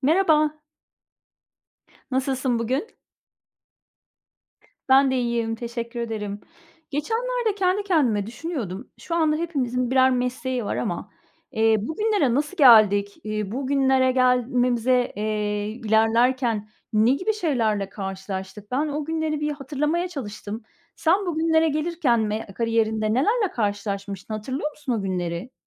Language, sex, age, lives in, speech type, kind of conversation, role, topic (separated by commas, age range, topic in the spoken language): Turkish, female, 45-49, Spain, conversation, unstructured, none, Gelecekte hangi mesleği yapmak istersin ve hayallerindeki hayata ulaşmak için önümüzdeki beş yıl içinde neler yapmayı planlıyorsun?